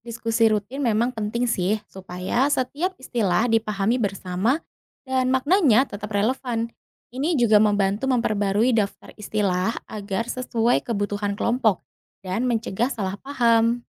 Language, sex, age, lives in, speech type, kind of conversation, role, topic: Indonesian, female, 30-34, Indonesia, read, expert-assistant, expert, Kalau mau tahu kenapa bahasa sehari-hari kita sering berubah, biasanya orang mencari info di mana ya?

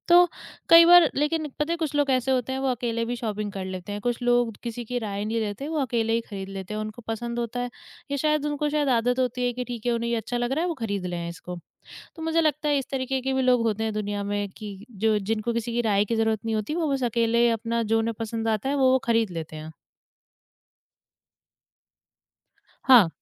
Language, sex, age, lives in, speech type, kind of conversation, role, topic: Hindi, female, 20-24, India, conversation, podcast, guest, दूसरों की राय स्टाइल चुनने में कितनी मायने रखती है?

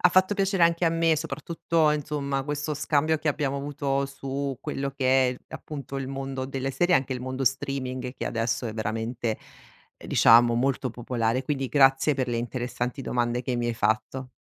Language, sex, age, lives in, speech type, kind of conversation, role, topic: Italian, female, 45-49, Italy, conversation, podcast, guest, Cosa rende una serie TV davvero coinvolgente per te?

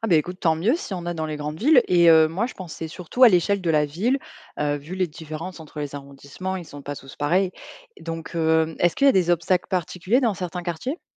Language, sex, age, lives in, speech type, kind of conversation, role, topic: French, female, 25-29, France, read, expert-assistant, asker, Est-il possible de nourrir tout le monde sans perdre le lien avec la nature ?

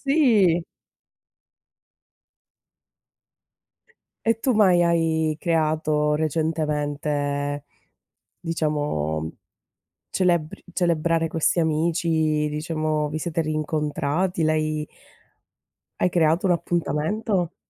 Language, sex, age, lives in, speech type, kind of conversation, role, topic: Italian, female, 30-34, Italy, conversation, unstructured, none, Quale momento del passato vorresti rivivere?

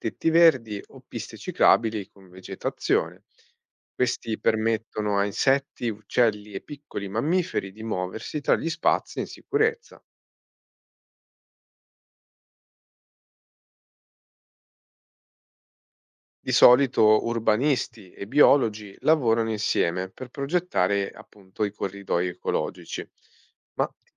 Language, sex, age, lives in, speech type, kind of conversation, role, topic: Italian, male, 30-34, Italy, read, expert-assistant, expert, Qual è più efficace per proteggere la biodiversità: creare grandi riserve naturali o molte piccole aree protette diffuse sul territorio?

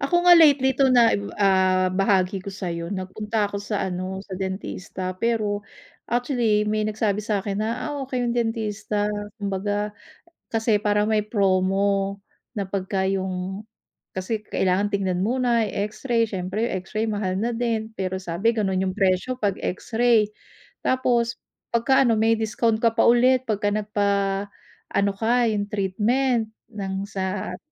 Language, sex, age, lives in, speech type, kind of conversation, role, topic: Filipino, female, 40-44, United States, conversation, unstructured, none, Ano ang nararamdaman mo kapag may taong nandaraya sa paligid mo?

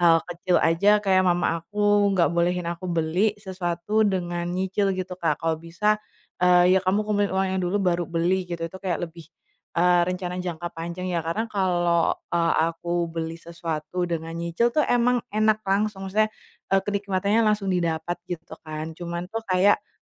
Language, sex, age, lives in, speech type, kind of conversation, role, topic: Indonesian, female, 25-29, Indonesia, conversation, podcast, guest, Kapan kamu merasa perlu memikirkan jangka panjang, bukan sekadar jangka pendek?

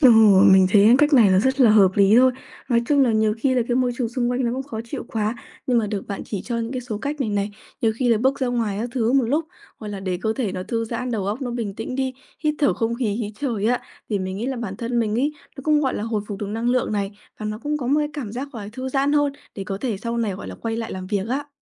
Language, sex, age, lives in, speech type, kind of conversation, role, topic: Vietnamese, female, 20-24, Vietnam, conversation, advice, user, Làm thế nào để tôi bớt bị ảnh hưởng bởi môi trường xung quanh?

